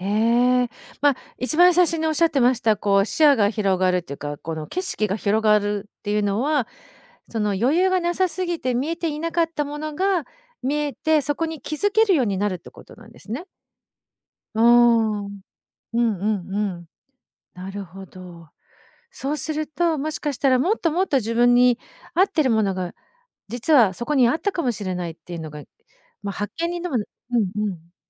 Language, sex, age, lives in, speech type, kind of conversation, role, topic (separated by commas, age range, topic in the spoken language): Japanese, female, 50-54, Japan, conversation, podcast, host, 自分らしいスタイルを見つけるために、最初に何から始めればいいですか？